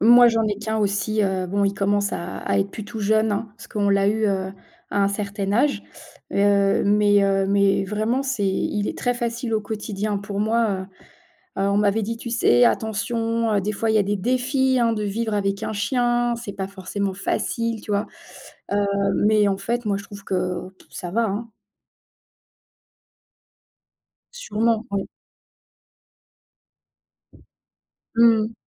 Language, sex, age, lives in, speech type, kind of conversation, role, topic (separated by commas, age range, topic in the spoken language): French, female, 45-49, France, conversation, unstructured, none, Quels sont les avantages d’avoir un chien à la maison ?